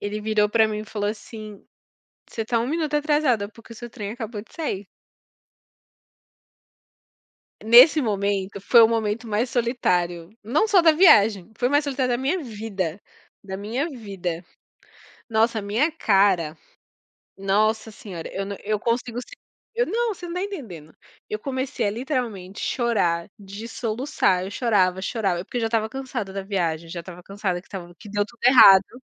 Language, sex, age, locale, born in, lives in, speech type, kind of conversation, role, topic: Portuguese, female, 30-34, pt-BR, Brazil, France, conversation, unstructured, none, Qual foi o momento mais solitário que você viveu em uma viagem?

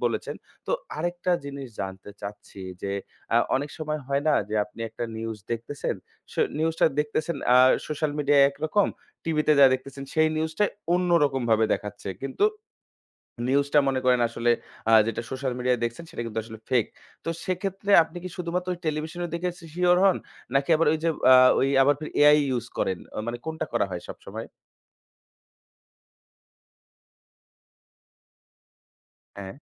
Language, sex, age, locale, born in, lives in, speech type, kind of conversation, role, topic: Bengali, male, 25-29, bn-BD, Bangladesh, Bangladesh, conversation, podcast, host, আপনি অনলাইনে পাওয়া তথ্য কীভাবে যাচাই করেন?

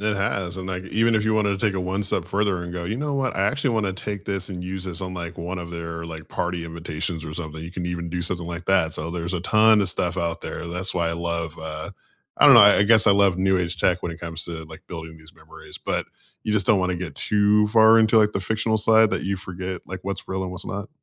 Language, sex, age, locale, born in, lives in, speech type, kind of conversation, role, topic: English, male, 35-39, en-US, United States, United States, conversation, unstructured, none, What’s the story behind a favorite photo on your phone, and why does it matter to you?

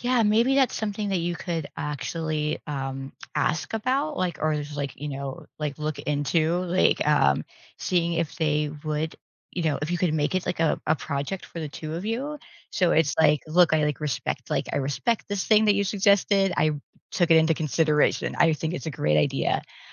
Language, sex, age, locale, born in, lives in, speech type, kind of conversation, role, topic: English, female, 45-49, en-US, United States, United States, conversation, advice, advisor, How can I set boundaries and reduce burnout while managing long work hours?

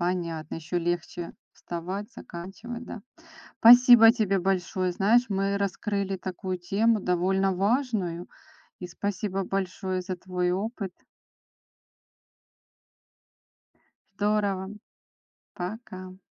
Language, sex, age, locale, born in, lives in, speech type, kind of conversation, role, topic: Russian, female, 45-49, ru-RU, Ukraine, Spain, conversation, podcast, host, Есть ли у тебя опыт цифрового детокса и как это было?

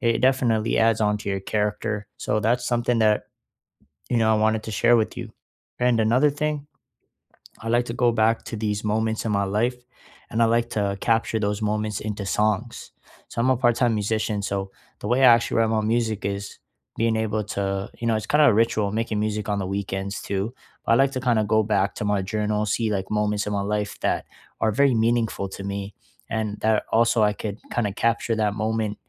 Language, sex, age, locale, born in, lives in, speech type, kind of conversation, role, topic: English, male, 20-24, en-CA, Canada, Canada, conversation, unstructured, none, Which weekend rituals help you truly reset, and how can we support or share them together?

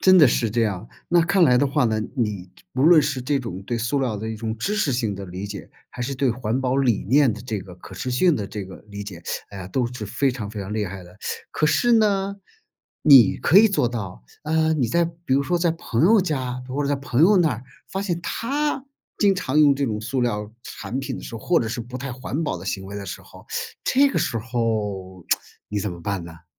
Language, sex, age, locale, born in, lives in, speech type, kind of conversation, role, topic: Chinese, male, 55-59, zh-CN, China, United States, conversation, podcast, host, 你平时会怎么减少一次性塑料的使用？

